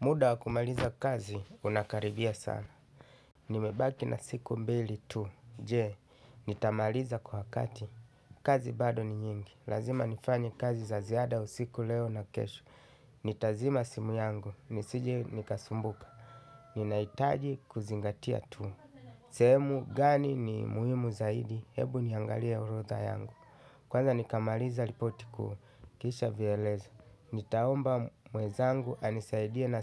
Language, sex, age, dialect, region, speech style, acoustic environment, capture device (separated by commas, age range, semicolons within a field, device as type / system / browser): Swahili, male, 35-44, Kenya - Mombasa, Kenya, happy, people talking, desktop / Linux / Chrome